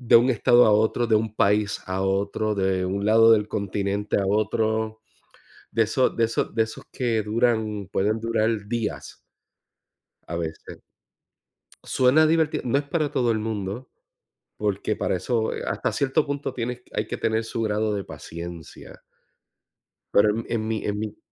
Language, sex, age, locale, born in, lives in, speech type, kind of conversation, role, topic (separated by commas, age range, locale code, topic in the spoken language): Spanish, male, 45-49, es-US, Puerto Rico, United States, conversation, unstructured, none, ¿Hay alguna aventura que te gustaría vivir?